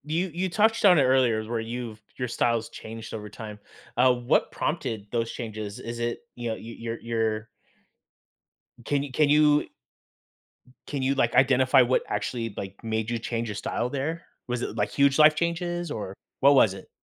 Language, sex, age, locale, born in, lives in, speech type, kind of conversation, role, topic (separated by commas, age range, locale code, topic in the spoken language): English, male, 40-44, en-US, United States, United States, conversation, podcast, host, What experiences and influences shape the way you express your personal style?